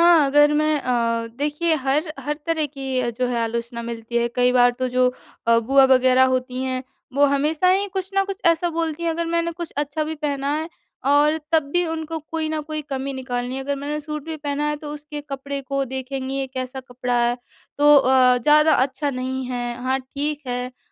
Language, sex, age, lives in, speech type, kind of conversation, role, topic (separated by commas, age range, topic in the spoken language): Hindi, female, 25-29, India, conversation, advice, user, नकारात्मक आलोचना मिलने पर मैं शांत कैसे रहूँ और सही प्रतिक्रिया कैसे चुनूँ?